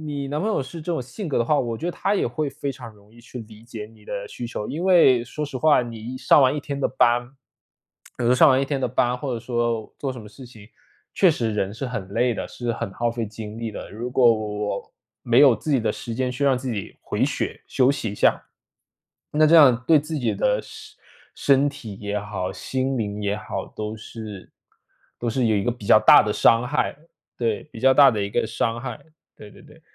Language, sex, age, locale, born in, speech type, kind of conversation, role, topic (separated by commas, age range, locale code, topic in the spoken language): Chinese, male, 25-29, zh-CN, China, conversation, advice, advisor, 陪伴伴侣时如何兼顾自我照顾，避免关系变得沉重？